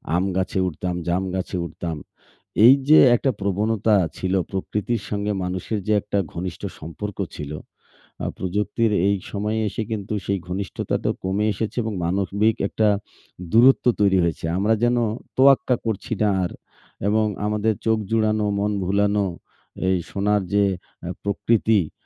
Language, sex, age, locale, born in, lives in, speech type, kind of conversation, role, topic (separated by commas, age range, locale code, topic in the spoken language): Bengali, male, 40-44, bn-BD, Bangladesh, Bangladesh, conversation, unstructured, none, প্রকৃতির সৌন্দর্য আমরা কীভাবে উপভোগ করতে পারি?